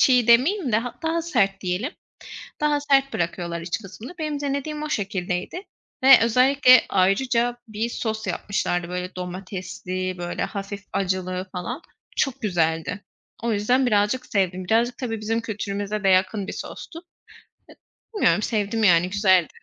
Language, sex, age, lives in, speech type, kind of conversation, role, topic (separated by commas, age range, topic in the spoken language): Turkish, female, 25-29, Poland, conversation, unstructured, none, Evde yemek yapmayı mı yoksa dışarıda yemek yemeyi mi tercih edersin?